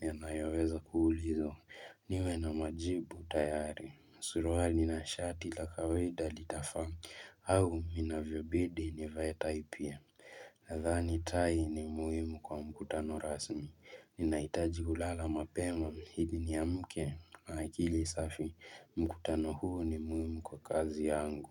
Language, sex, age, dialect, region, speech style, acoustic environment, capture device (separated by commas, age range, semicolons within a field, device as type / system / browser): Swahili, male, 18-24, Kenya - Mombasa, Kenya, relaxed, silence, mobile / Linux / Chrome